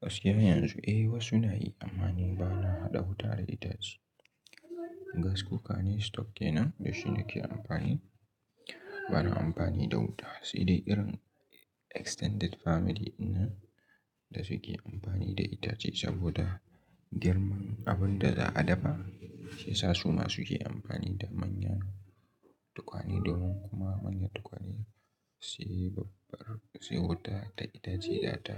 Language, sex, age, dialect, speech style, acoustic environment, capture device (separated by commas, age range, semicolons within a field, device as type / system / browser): Hausa, male, 18-24, Nigeria - Katsina, happy, nature, mobile / Linux / Chrome